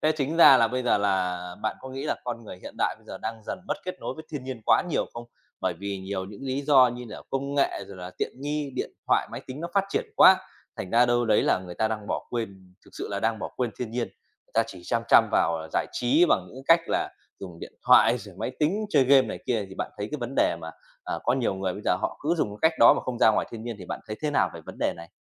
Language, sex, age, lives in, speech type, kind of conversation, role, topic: Vietnamese, male, 30-34, Vietnam, conversation, podcast, host, Thiên nhiên giúp bạn thư giãn theo cách nào?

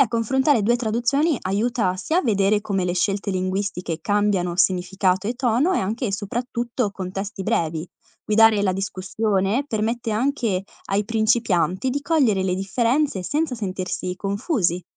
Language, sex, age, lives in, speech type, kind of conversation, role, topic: Italian, female, 20-24, Italy, read, expert-assistant, expert, Quali sono i vantaggi e gli svantaggi di tradurre opere letterarie rispetto a leggerle in lingua originale?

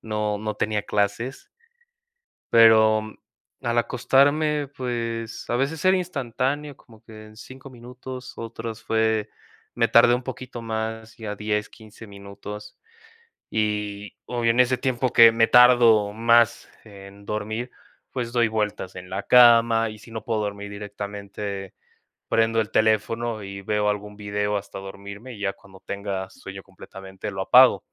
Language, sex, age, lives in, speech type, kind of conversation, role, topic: Spanish, male, 20-24, Mexico, conversation, advice, user, ¿Cómo puedo despertarme con más energía cada mañana?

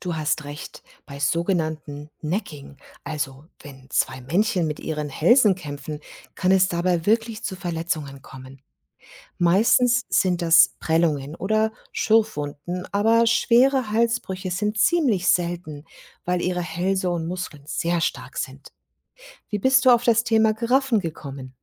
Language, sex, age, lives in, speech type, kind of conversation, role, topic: German, female, 50-54, Germany, read, expert-assistant, expert, Warum haben Giraffen so lange Hälse?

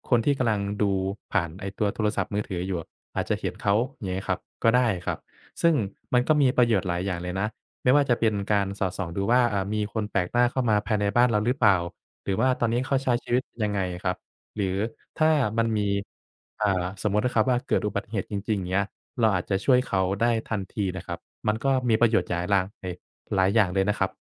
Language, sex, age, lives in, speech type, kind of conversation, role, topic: Thai, male, 25-29, Thailand, conversation, advice, advisor, ฉันควรทำอย่างไรเมื่อรู้สึกผิดที่ย้ายออกมาและเหมือนทิ้งครอบครัวกับชีวิตเดิมไว้ข้างหลัง?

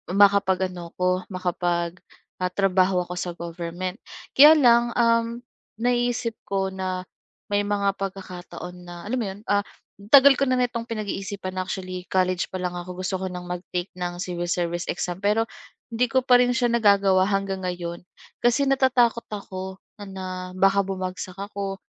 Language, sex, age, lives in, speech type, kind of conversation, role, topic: Filipino, female, 25-29, Philippines, conversation, advice, user, Paano ko haharapin ang takot o alinlangan sa pagsubok ng bagong bagay?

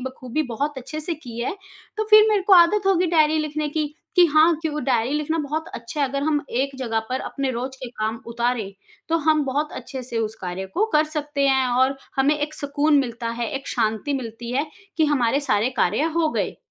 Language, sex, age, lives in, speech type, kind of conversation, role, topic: Hindi, female, 35-39, India, conversation, podcast, guest, किस आदत ने आपकी जिंदगी बदल दी?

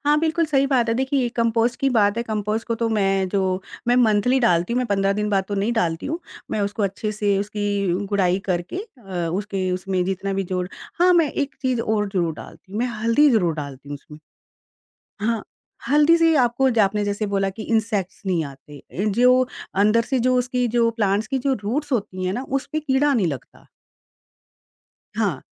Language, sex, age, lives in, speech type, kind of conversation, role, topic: Hindi, female, 45-49, India, conversation, podcast, guest, Batao, compost banana shuru karne ka sabse aasaan tareeka kya hai?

